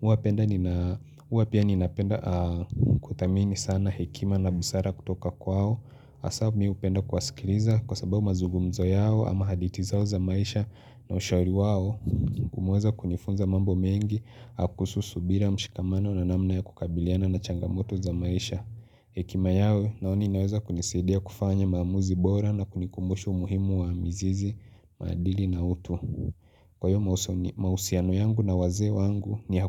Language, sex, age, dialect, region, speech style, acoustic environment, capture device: Swahili, male, 25-34, Kenya - Mombasa, Kenya, relaxed, silence, mobile / Linux / Chrome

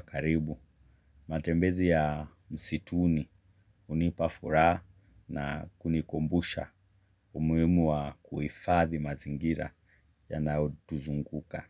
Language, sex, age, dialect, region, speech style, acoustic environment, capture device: Swahili, male, 25-34, Kenya - Mombasa, Kenya, relaxed; focused, silence, mobile / Linux / Chrome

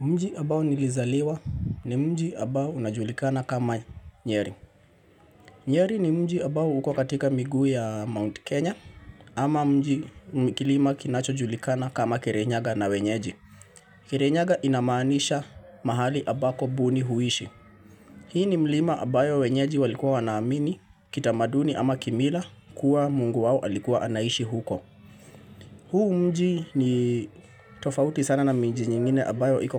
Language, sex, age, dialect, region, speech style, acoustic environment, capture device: Swahili, male, 25-34, Kenya - Nairobi Sheng-influenced, Kenya, nervous, people talking, mobile / Linux / Chrome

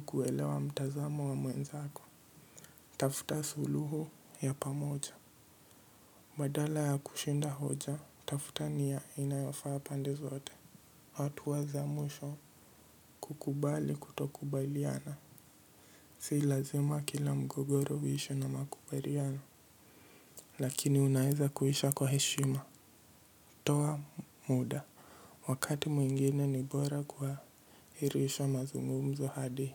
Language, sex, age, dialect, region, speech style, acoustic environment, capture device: Swahili, male, 18-24, Kenya - Mombasa, Kenya, anxious; nervous; focused; stressed, nature; silence, mobile / Linux / Edge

